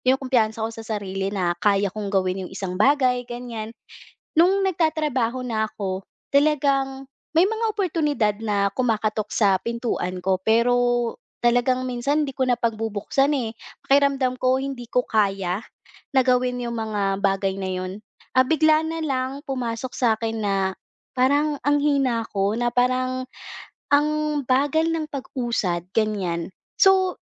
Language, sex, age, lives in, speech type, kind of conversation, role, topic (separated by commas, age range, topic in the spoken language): Filipino, female, 25-29, Philippines, conversation, advice, user, Paano ko mapapalakas ang kumpiyansa at pagpapahalaga ko sa sarili?